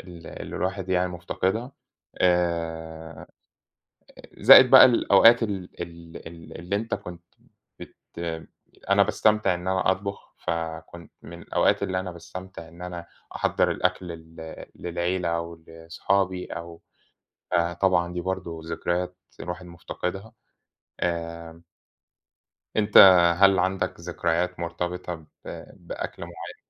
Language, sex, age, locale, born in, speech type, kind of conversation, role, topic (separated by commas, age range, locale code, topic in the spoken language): Arabic, male, 30-34, ar-EG, Egypt, conversation, unstructured, none, هل إنت شايف إن الأكل بيجمع الناس مع بعض؟